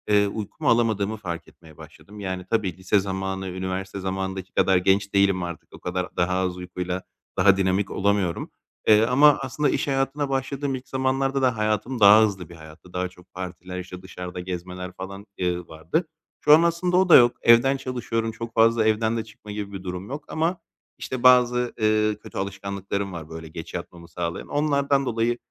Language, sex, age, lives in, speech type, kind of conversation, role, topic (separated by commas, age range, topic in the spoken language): Turkish, male, 30-34, Greece, conversation, advice, user, Tutarlı bir yatma zamanı alışkanlığı oluşturmak için nereden başlamalıyım?